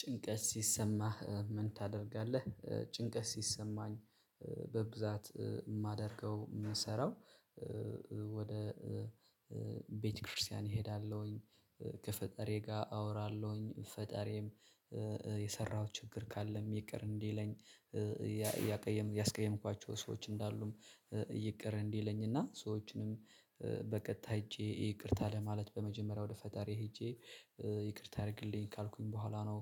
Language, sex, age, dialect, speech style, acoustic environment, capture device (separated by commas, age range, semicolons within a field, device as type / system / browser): Amharic, male, 25-34, Ethiopia - Addis Ababa, relaxed; focused, silence; nature, mobile / Linux / Chrome